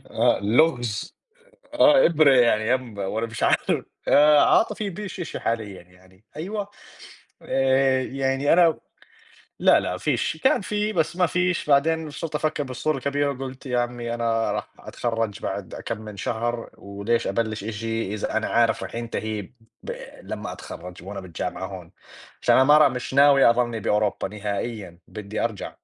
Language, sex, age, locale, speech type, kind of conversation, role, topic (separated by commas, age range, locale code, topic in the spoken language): Arabic, male, 20-24, ar-JO, conversation, unstructured, none, إيه الأغنية اللي بتفكّرك بأوقات سعيدة؟